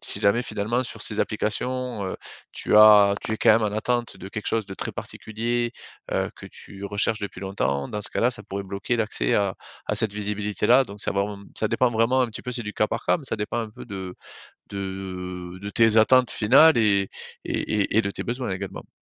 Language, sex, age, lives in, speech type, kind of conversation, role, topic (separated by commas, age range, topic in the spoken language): French, male, 35-39, France, conversation, advice, advisor, Comment puis-je arrêter de faire des achats impulsifs et épargner davantage ?